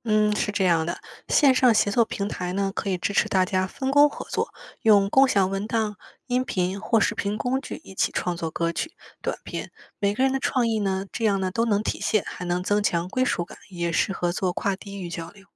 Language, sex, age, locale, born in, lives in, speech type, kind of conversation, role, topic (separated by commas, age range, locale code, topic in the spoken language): Chinese, female, 25-29, zh-CN, China, United States, read, expert-assistant, expert, 你觉得我们可以用哪些新方法，让不同地方的节日和习俗被更多人了解和喜欢？